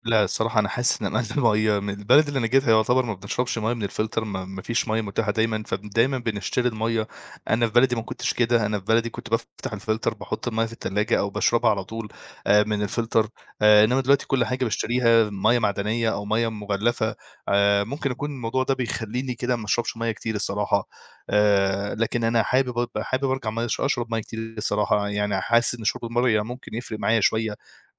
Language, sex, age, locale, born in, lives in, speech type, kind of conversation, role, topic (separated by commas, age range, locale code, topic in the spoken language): Arabic, male, 25-29, ar-EG, Egypt, Egypt, conversation, advice, user, إزاي أتعامل مع الأرق بعد السفر ومش قادر أتأقلم على فرق التوقيت؟